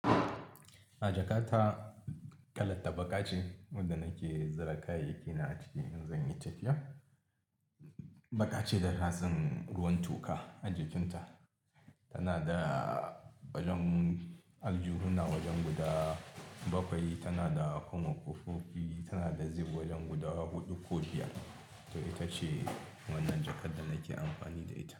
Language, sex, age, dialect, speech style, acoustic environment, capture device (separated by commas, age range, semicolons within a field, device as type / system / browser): Hausa, male, 35-44, Nigeria - Kano, happy, people talking; appliances, mobile / Linux / Chrome